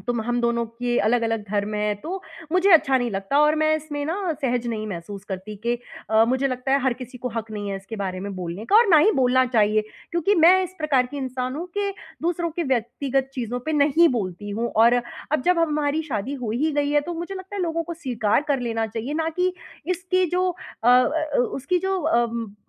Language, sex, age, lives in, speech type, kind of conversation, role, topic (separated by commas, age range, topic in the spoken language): Hindi, female, 30-34, India, conversation, advice, user, मैं भावनात्मक बोझ से जल्दी कैसे उबरूँ और शांत कैसे महसूस करूँ?